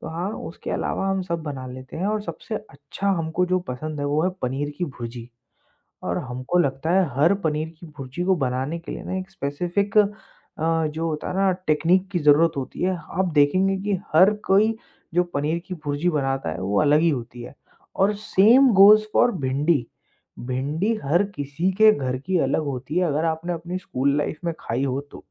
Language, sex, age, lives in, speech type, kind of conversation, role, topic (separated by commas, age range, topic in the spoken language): Hindi, male, 25-29, India, conversation, podcast, guest, Ghar ka khaana zyada swadisht banane ke liye kaunse simple tips sabko pata hone chahiye?